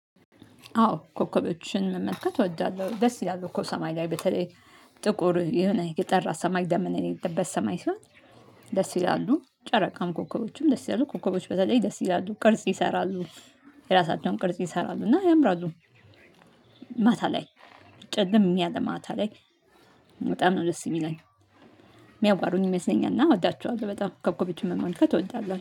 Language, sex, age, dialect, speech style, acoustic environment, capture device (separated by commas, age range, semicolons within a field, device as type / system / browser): Amharic, female, 35-44, Ethiopia - Addis Ababa, happy, nature, mobile / Linux / Chrome